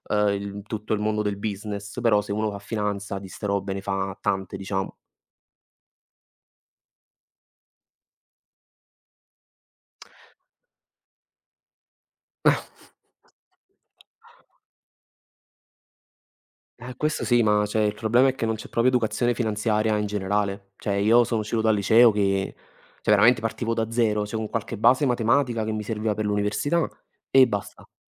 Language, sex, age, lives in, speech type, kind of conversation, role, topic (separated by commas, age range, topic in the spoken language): Italian, male, 20-24, Italy, conversation, unstructured, none, Qual è un sogno che vorresti realizzare un giorno?